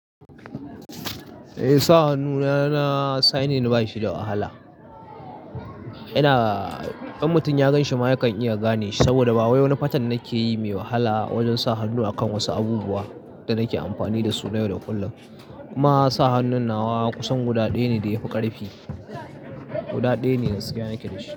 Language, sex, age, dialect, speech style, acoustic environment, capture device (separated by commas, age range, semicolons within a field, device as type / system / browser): Hausa, male, 25-34, Nigeria - Kano, anxious, nature, mobile / Linux / Chrome